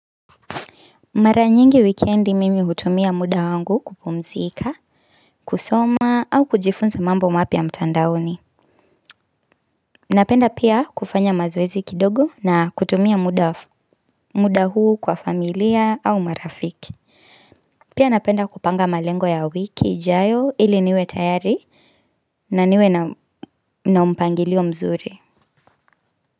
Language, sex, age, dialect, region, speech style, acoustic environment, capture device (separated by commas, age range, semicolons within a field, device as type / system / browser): Swahili, female, 25-34, Kenya - Nairobi Sheng-influenced, Kenya, happy; relaxed, nature; silence, mobile / Linux / Chrome